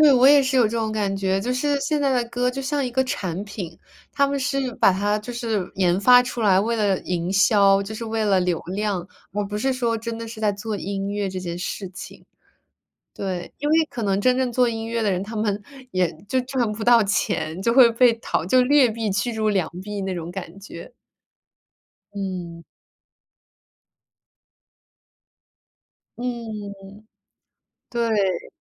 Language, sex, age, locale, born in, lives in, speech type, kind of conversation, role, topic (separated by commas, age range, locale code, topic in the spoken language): Chinese, female, 25-29, zh-CN, United States, United States, conversation, unstructured, none, 传统乐器在流行音乐中如何焕发新的生机？